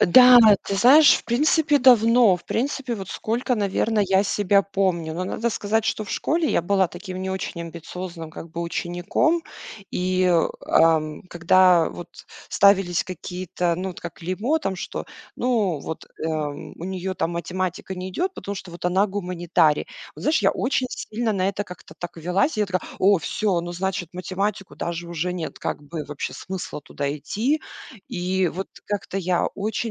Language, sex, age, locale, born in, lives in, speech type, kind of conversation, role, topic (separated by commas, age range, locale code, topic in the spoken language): Russian, female, 40-44, ru-RU, Russia, United States, conversation, advice, user, Как справиться с чувством самозванца и неуверенностью при принятии профессиональных решений?